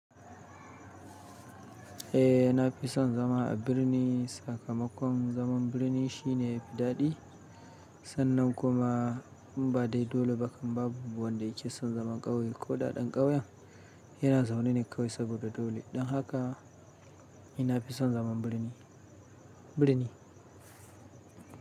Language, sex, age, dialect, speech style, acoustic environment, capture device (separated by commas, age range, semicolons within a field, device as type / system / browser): Hausa, male, 35-44, Nigeria - Kano, happy, people talking; silence, mobile / Linux / Chrome